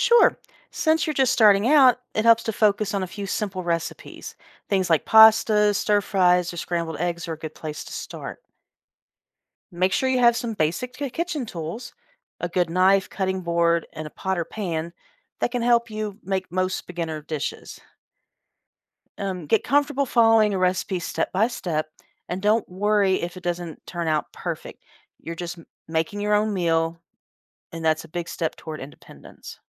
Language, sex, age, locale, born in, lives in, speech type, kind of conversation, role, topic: English, female, 50-54, en-US, United States, United States, read, expert-assistant, expert, How can learning to cook help you become more independent?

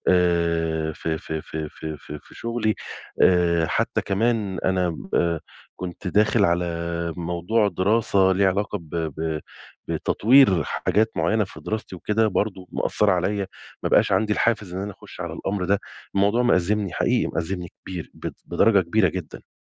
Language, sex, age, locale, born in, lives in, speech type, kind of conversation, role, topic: Arabic, male, 40-44, ar-EG, Egypt, Egypt, conversation, advice, user, إزاي أتعامل مع الملل وفقدان الحافز في حياتي؟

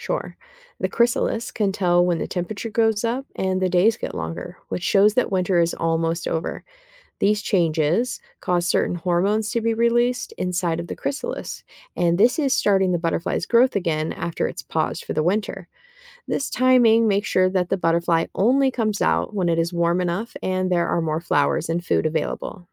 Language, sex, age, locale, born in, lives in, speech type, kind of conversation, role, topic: English, female, 35-39, en-US, United States, United States, read, expert-assistant, expert, What is the process of metamorphosis in insects like butterflies?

